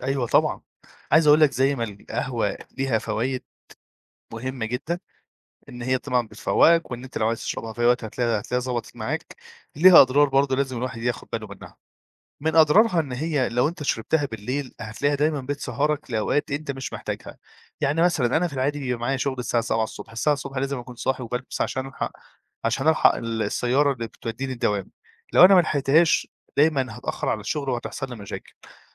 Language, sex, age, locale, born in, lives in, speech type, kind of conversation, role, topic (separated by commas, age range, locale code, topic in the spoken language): Arabic, male, 25-29, ar-EG, Egypt, Egypt, conversation, podcast, guest, إزاي تخلّي نومك هادي من غير ما إشعارات واتساب تصحّيك؟